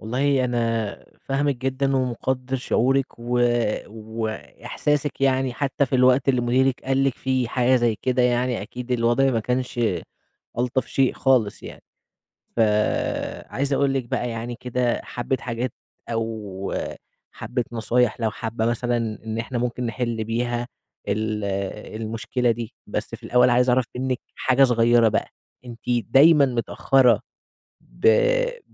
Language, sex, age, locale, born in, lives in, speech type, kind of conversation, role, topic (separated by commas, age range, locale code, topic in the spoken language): Arabic, male, 20-24, ar-EG, Egypt, Egypt, conversation, advice, advisor, إزاي أتعامل مع التأخير المستمر عن المواعيد والاجتماعات اللي بيسببلي ضغط؟